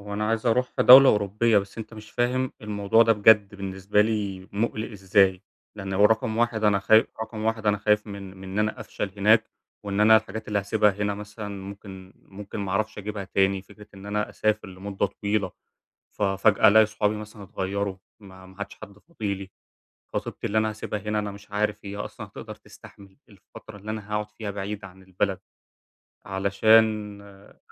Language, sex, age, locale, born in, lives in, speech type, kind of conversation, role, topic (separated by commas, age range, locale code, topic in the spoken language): Arabic, male, 20-24, ar-EG, Egypt, Germany, conversation, advice, user, أتعامل إزاي مع خوفي من إني أخد قرار يغيّر حياتي ومخاوفي من المستقبل؟